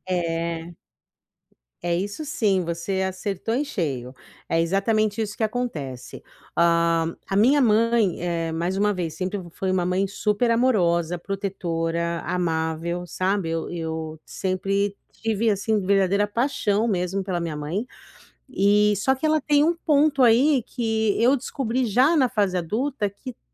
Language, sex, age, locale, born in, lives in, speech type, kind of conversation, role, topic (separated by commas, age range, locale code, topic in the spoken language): Portuguese, female, 40-44, pt-BR, Brazil, United States, conversation, advice, user, Estabelecer limites para preservar energia mental